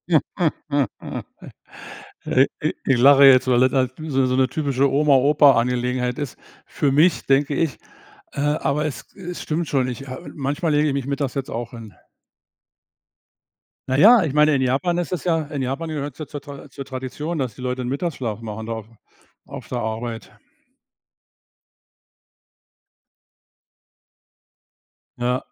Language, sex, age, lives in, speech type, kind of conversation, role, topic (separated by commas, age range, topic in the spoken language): German, male, 65-69, Germany, conversation, advice, advisor, Warum wechselt mein Schlafrhythmus ständig und warum bin ich morgens so müde?